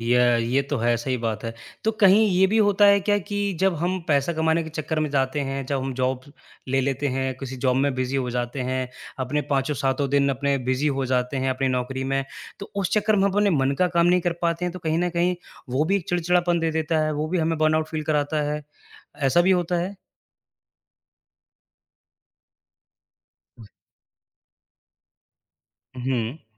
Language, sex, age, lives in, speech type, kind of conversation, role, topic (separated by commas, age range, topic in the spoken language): Hindi, male, 25-29, India, conversation, podcast, host, जब आपको बर्नआउट महसूस हो, तो आप क्या करते हैं?